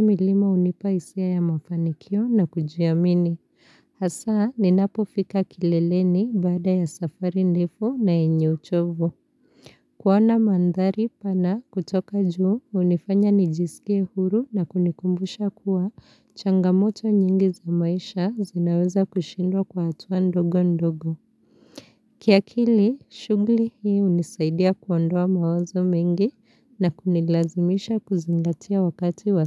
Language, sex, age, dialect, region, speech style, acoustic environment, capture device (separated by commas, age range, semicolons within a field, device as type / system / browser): Swahili, female, 25-34, Kenya - Nairobi Sheng-influenced, Kenya, relaxed; focused; happy, silence; nature; appliances; people talking, mobile / Linux / Chrome